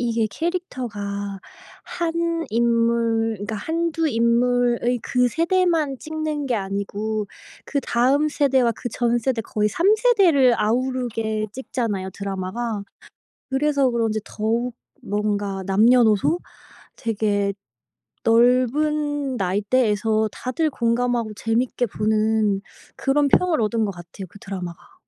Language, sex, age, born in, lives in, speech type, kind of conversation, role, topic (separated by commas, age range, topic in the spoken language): Korean, female, 25-29, South Korea, United States, conversation, unstructured, none, 어떤 기준으로 TV 프로그램을 선택해 보시나요?